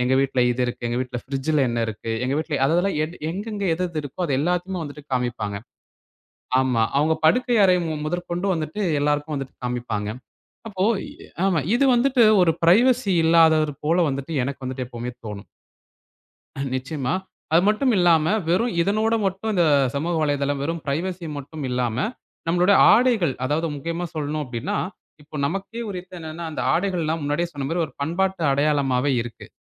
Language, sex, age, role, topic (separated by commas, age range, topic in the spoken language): Tamil, male, 20-24, guest, சமூக ஊடகங்கள் உங்கள் ஆடைத் தேர்வை எப்படிப் பாதிக்கின்றன?